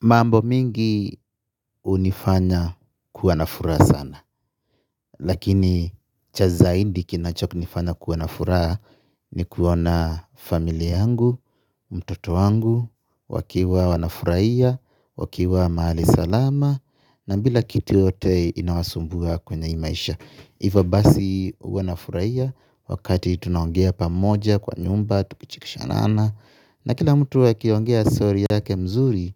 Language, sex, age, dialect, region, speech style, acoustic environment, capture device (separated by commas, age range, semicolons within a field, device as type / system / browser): Swahili, male, 25-34, Kenya - Nairobi Sheng-influenced, Kenya, happy, people talking, mobile / Linux / Chrome